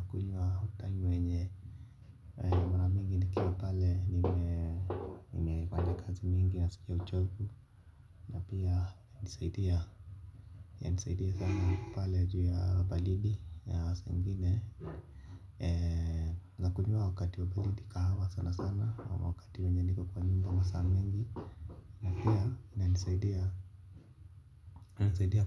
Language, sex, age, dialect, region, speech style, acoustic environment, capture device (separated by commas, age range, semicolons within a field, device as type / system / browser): Swahili, male, 25-34, Kenya - Nairobi Sheng-influenced, Kenya, relaxed, silence; nature, mobile / Linux / Chrome